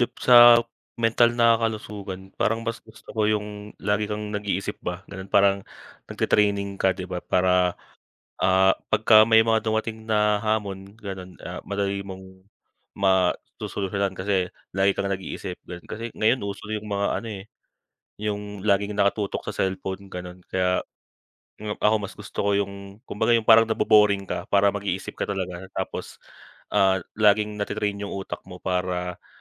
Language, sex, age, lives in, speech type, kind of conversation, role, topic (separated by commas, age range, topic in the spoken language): Filipino, male, 25-29, Philippines, conversation, unstructured, none, Paano mo pinahahalagahan ang iyong kalusugang pangkaisipan araw-araw?